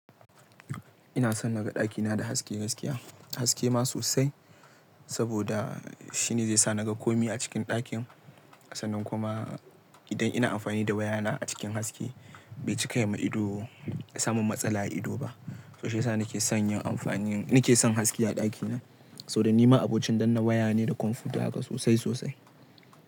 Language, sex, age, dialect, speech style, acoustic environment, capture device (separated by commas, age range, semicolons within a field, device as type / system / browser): Hausa, male, 18-24, Nigeria - Kano, relaxed, nature; appliances, mobile / Linux / Chrome